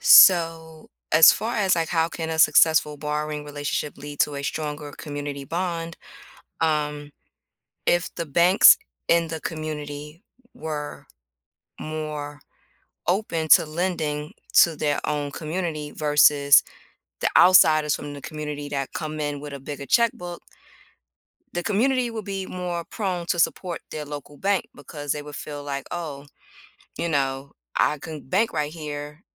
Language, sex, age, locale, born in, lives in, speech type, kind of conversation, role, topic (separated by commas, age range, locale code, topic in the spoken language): English, female, 40-44, en-US, United States, United States, conversation, unstructured, none, How does borrowing and sharing help build trust and connection in a community?